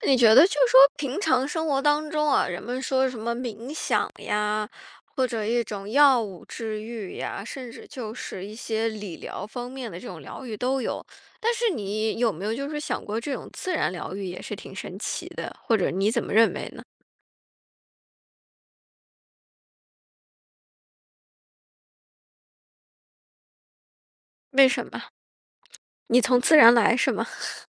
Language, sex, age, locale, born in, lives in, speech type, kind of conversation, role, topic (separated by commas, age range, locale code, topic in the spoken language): Chinese, female, 35-39, zh-CN, China, United States, conversation, podcast, host, 自然疗愈（比如散步或亲近大自然）对你有用吗？